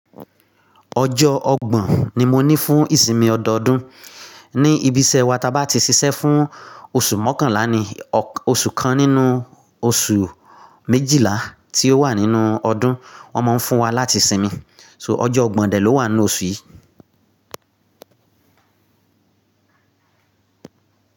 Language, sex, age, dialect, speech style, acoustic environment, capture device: Yoruba, male, 35-44, Nigeria - Nigerian Standard, relaxed, silence, mobile / Linux / Chrome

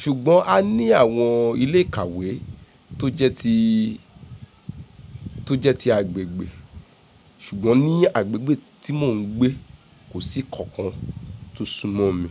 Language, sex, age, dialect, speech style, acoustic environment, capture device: Yoruba, female, 35-44, Nigeria - Nigerian Standard, focused, silence, mobile / Linux / Chrome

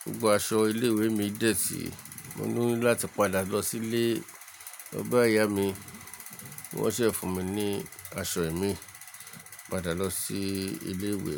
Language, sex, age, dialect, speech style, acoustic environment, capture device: Yoruba, male, 35-44, Nigeria - Lagos Yoruba, relaxed, appliances, mobile / Linux / Chrome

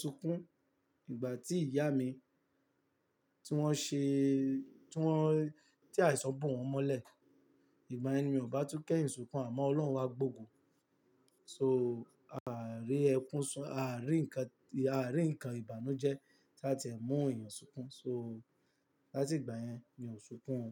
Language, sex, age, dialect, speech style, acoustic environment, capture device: Yoruba, male, 18-24, Nigeria - Lagos Yoruba, tired; nervous, nature, mobile / Linux / Chrome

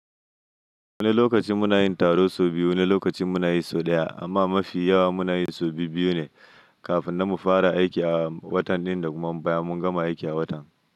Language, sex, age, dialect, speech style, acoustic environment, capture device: Hausa, male, 25-34, Nigeria - Nigerian Standard, happy, silence; nature, mobile / Linux / Chrome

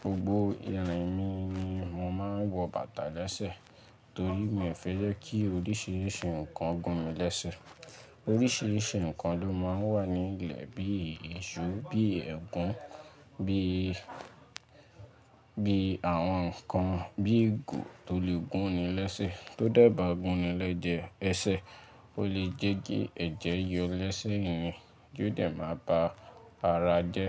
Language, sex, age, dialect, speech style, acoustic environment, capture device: Yoruba, male, 18-24, Nigeria - Lagos Yoruba, relaxed, nature, mobile / Linux / Chrome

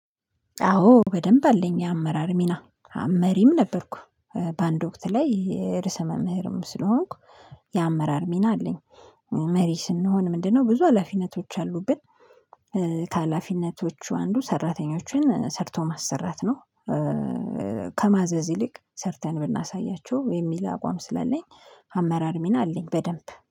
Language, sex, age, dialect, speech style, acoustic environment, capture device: Amharic, female, 25-34, Ethiopia - Addis Ababa, relaxed, silence, mobile / Linux / Chrome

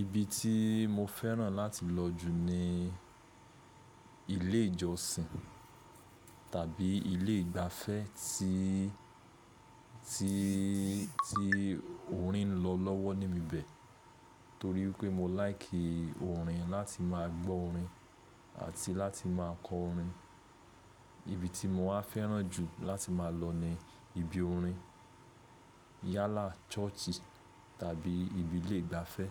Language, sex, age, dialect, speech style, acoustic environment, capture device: Yoruba, male, 25-34, Nigeria - Lagos Yoruba, focused, silence, mobile / Linux / Chrome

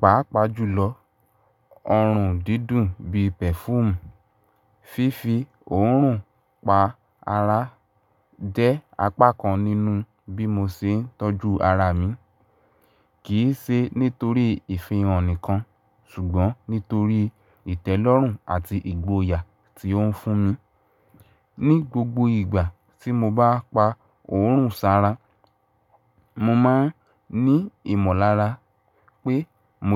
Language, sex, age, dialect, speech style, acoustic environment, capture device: Yoruba, male, 18-24, Nigeria - Ibadan, stressed, appliances, mobile / Linux / Chrome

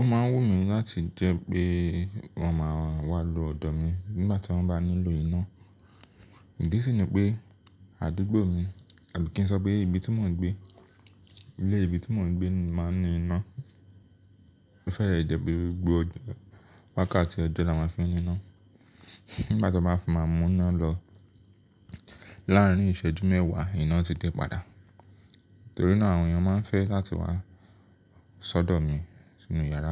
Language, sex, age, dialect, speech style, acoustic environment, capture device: Yoruba, male, 25-34, Nigeria - Lagos Yoruba, relaxed; focused, silence, mobile / Linux / Chrome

